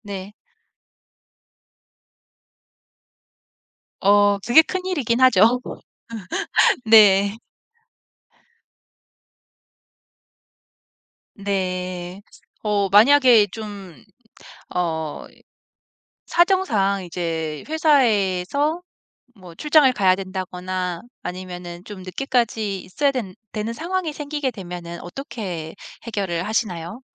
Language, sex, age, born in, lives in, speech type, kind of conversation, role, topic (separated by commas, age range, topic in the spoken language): Korean, female, 40-44, South Korea, United States, conversation, podcast, host, 일과 삶의 균형을 어떻게 맞추나요?